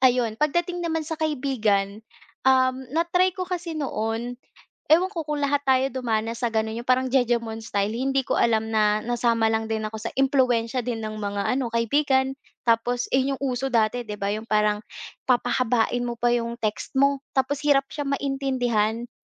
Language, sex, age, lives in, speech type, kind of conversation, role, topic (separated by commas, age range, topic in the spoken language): Filipino, female, 25-29, Philippines, conversation, podcast, guest, Ano ang karaniwang hindi pagkakaunawaan sa pagitan ng pagte-text at pakikipag-usap nang harapan para sa’yo?